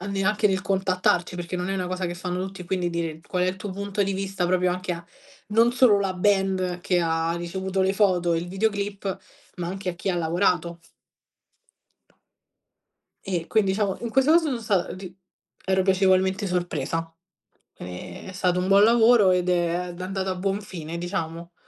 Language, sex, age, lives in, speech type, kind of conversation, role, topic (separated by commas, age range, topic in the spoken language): Italian, female, 20-24, Italy, conversation, podcast, guest, Come scegli dove pubblicare o esporre il tuo lavoro?